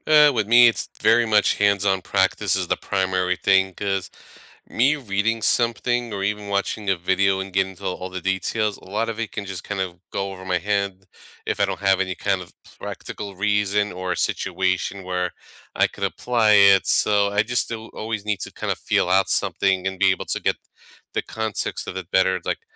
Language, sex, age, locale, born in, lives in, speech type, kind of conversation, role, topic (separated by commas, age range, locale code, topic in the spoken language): English, male, 35-39, en-US, United States, United States, conversation, unstructured, none, How do you learn best—through notes, videos, or hands-on practice?